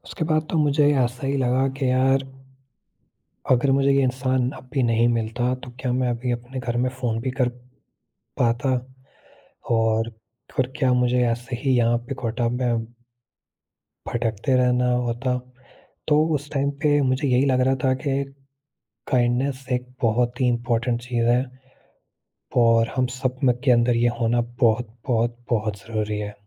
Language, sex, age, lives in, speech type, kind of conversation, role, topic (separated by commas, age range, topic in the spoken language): Hindi, male, 18-19, India, conversation, podcast, guest, Kisi safar mein tumne kabhi koi anjaani aur unexpected meharbani dekhi ho, toh tumhe kaisa laga?